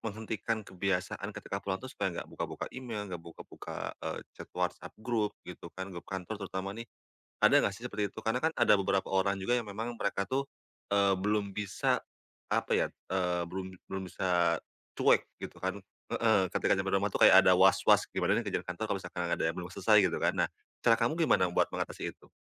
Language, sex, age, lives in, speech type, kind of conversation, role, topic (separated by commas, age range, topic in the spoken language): Indonesian, male, 30-34, Indonesia, conversation, podcast, host, Gimana caramu mematikan mode kerja saat di rumah?